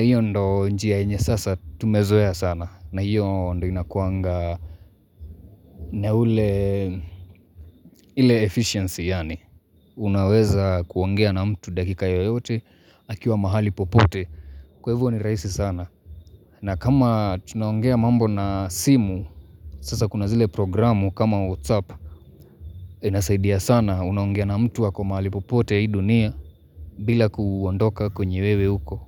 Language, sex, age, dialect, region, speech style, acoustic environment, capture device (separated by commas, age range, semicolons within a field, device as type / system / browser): Swahili, male, 25-34, Kenya - Mombasa, Kenya, happy; focused; relaxed, nature, mobile / Linux / Chrome